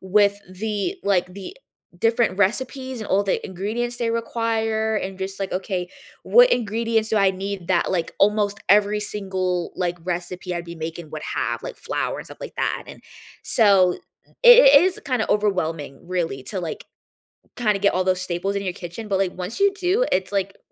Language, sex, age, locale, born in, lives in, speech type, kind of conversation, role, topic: English, female, 20-24, en-US, United States, United States, conversation, unstructured, none, Which hobbies taught you surprising real-world skills beyond class, and how did they shape your life?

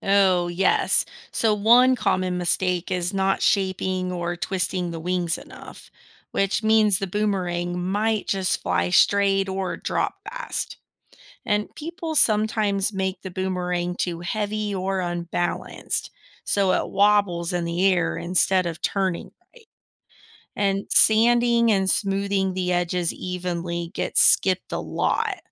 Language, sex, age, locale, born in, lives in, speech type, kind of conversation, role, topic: English, female, 35-39, en-US, United States, United States, read, expert-assistant, expert, How does a boomerang return to the thrower?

